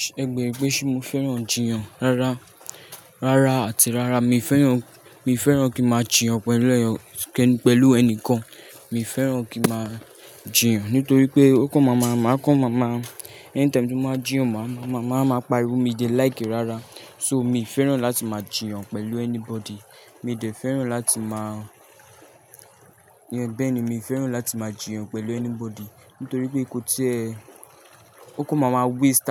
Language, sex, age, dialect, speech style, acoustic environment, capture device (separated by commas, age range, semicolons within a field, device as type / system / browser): Yoruba, male, 18-24, Nigeria - Lagos Yoruba, nervous, people talking; nature; appliances; traffic, mobile / Linux / Chrome